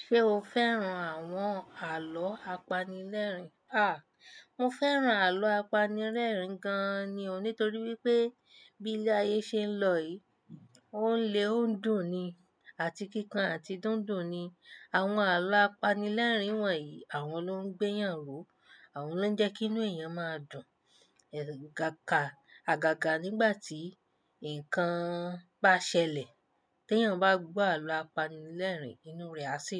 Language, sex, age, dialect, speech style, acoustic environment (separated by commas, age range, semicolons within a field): Yoruba, female, 25-34, Nigeria - Nigerian Standard, happy; relaxed, appliances; silence